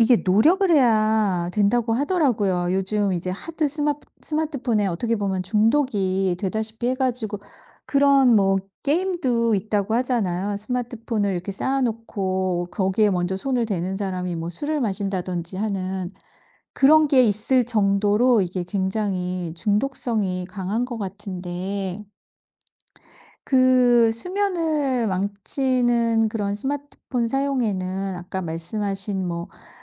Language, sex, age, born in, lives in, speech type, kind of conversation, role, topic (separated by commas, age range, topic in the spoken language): Korean, female, 50-54, South Korea, United States, conversation, podcast, host, 스마트폰 사용은 수면에 어떤 영향을 미치나요?